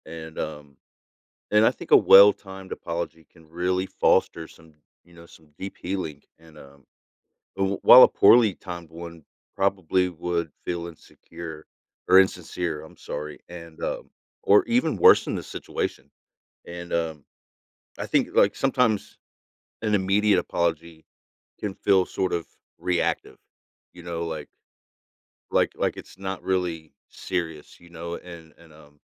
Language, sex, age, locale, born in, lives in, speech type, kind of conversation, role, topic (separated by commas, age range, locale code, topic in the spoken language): English, male, 40-44, en-US, United States, United States, conversation, podcast, guest, Why is it important to give a sincere and thoughtful apology?